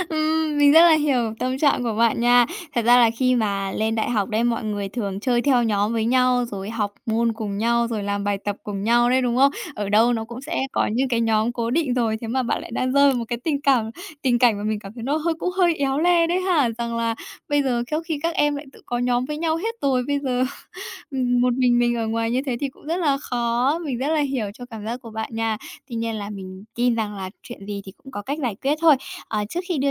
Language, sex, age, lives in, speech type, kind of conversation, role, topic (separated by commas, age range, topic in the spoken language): Vietnamese, female, 30-34, Japan, conversation, advice, advisor, Làm sao vượt qua nỗi sợ bị từ chối khi chủ động kết nối với người quen mới?